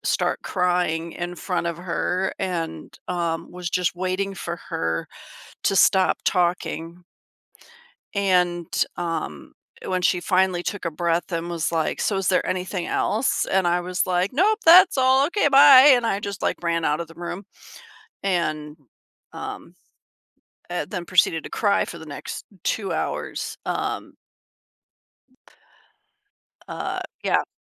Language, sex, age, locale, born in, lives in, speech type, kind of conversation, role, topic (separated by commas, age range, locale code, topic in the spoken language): English, female, 50-54, en-US, United States, United States, conversation, advice, user, How do I rebuild trust after a serious argument?